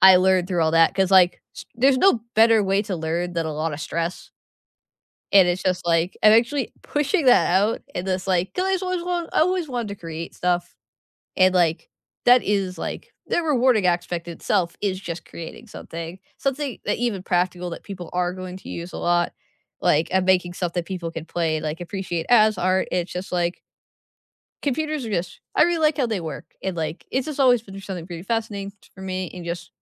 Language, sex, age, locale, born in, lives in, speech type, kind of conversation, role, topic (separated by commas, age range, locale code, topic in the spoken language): English, female, 20-24, en-US, United States, United States, conversation, unstructured, none, What makes a job feel rewarding to you?